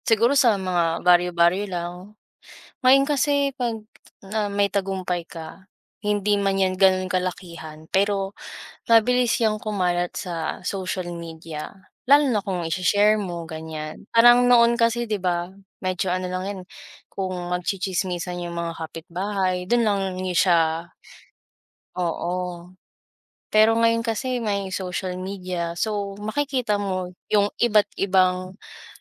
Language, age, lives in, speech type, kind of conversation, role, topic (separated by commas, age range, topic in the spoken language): Filipino, 20-24, Philippines, conversation, unstructured, none, Ano ang ibig sabihin ng tagumpay para sa’yo?